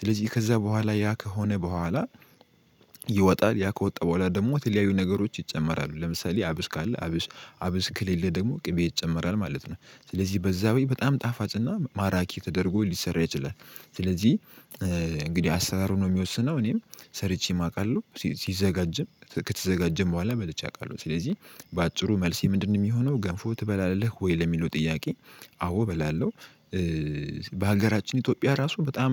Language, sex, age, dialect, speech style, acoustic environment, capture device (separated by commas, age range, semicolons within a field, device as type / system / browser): Amharic, male, 18-24, Ethiopia - Addis Ababa, happy; focused; excited; relaxed, silence, mobile / Linux / Chrome